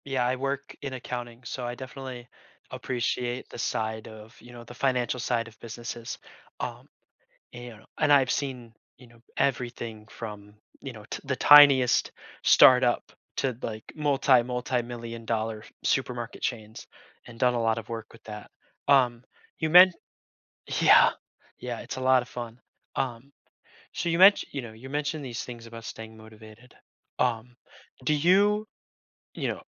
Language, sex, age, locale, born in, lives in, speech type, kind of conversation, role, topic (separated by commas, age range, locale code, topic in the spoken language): English, male, 20-24, en-US, United States, United States, conversation, podcast, host, How have your aspirations changed as you’ve grown and experienced new things?